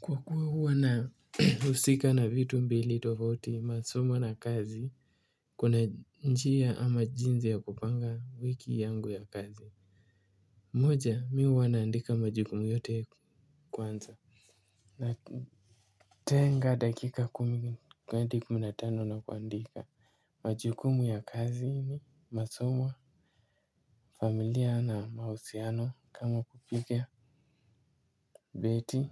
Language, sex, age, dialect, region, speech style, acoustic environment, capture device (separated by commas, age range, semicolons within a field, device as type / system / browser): Swahili, male, 18-24, Kenya - Mombasa, Kenya, focused, silence, mobile / Linux / Chrome